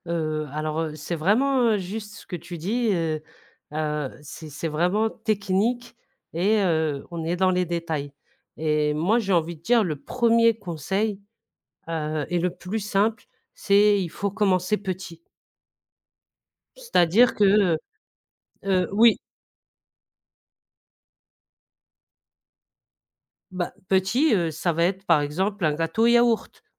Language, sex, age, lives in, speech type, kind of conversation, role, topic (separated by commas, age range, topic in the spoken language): French, female, 40-44, France, conversation, podcast, guest, Quel est ton conseil pour débuter en pâtisserie ?